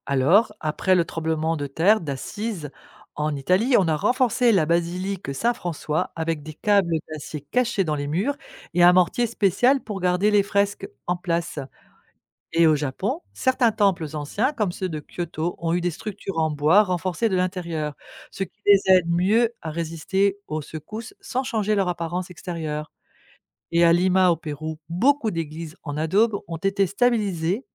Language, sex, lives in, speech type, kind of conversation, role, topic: French, female, France, read, expert-assistant, expert, Qu’est-ce qui provoque les tremblements de terre ?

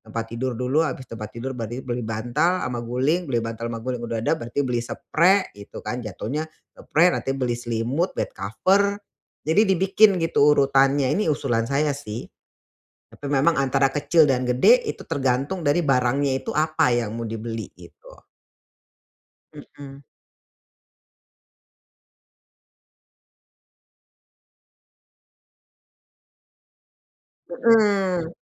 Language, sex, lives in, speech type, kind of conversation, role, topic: Indonesian, female, Indonesia, conversation, advice, advisor, Bagaimana cara menimbang kebutuhan dan keinginan saat memilih barang baru untuk rumah?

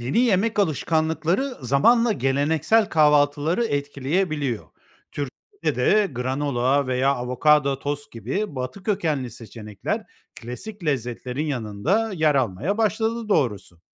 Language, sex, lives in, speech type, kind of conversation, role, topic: Turkish, male, Spain, read, expert-assistant, expert, Neden farklı kültürlerde kahvaltı alışkanlıkları bu kadar farklı gelişti?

